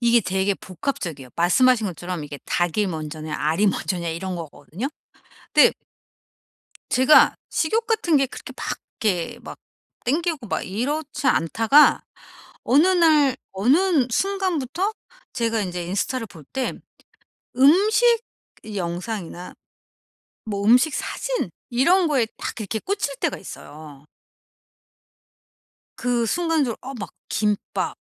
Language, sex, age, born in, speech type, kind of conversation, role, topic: Korean, female, 45-49, South Korea, conversation, advice, user, 내 감정이 식욕에 어떤 영향을 주는지 어떻게 알 수 있을까요?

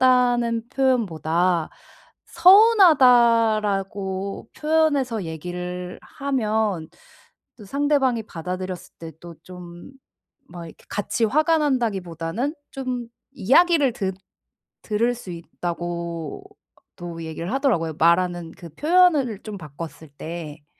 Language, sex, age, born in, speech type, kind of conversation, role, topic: Korean, female, 30-34, South Korea, conversation, advice, advisor, 솔직한 감정은 갈등 없이 어떻게 표현할 수 있나요?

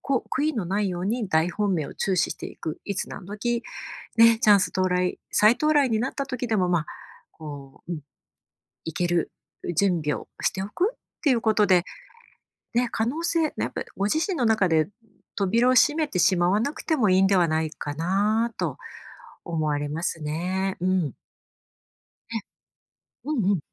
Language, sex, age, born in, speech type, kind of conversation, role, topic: Japanese, female, 50-54, Japan, conversation, advice, advisor, 重要な決断を前に不安や躊躇を感じたとき、どうすればいいですか？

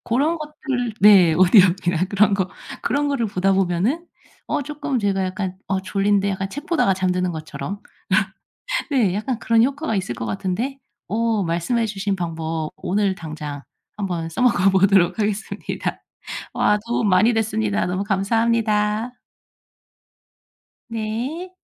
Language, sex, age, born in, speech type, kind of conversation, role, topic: Korean, female, 35-39, South Korea, conversation, advice, user, 잠들기 전에 스마트폰을 사용하면 잠이 오지 않는 이유가 무엇인가요?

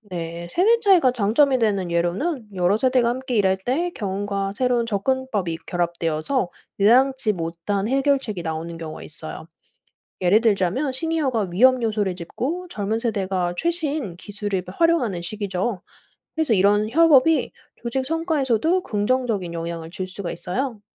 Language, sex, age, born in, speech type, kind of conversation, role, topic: Korean, female, 30-34, South Korea, read, expert-assistant, expert, 사회적 영향(예: 유행, 집단 압력, 대중 매체 중) 중에서 개인의 행동에 가장 큰 영향을 미치는 것은 무엇일까요? 순위를 매겨보고 이유를 논의해 봅시다.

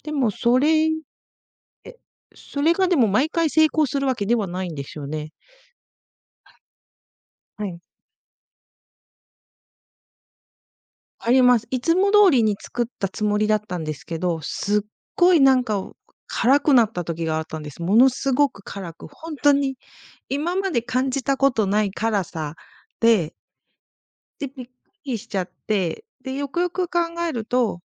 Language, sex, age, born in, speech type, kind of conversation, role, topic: Japanese, female, 40-44, Japan, conversation, podcast, guest, 親から受け継いだ味はありますか？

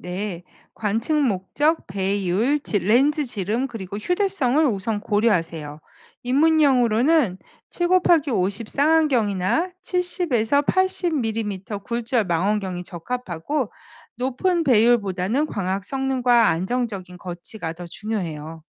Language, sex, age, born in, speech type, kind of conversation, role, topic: Korean, female, 50-54, South Korea, read, expert-assistant, expert, 우주에 대해 궁금한 게 많을 때, 어떤 순서로 하나씩 알아가면 좋을까요?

